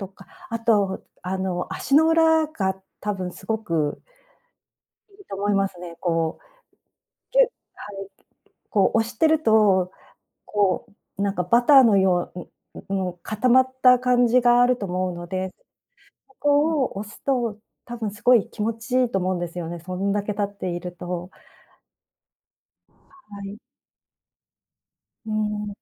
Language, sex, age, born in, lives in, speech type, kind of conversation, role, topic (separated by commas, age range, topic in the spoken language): Japanese, female, 55-59, Japan, Japan, conversation, advice, advisor, 仕事で休憩や運動の時間が取れず、疲れが溜まっているのですが、どうすればよいですか？